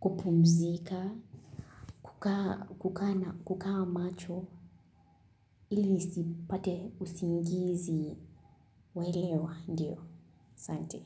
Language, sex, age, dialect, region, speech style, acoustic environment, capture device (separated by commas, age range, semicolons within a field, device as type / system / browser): Swahili, female, 18-24, Kenya - Kitui, Kenya, relaxed; focused; excited; happy, construction, mobile / Linux / Chrome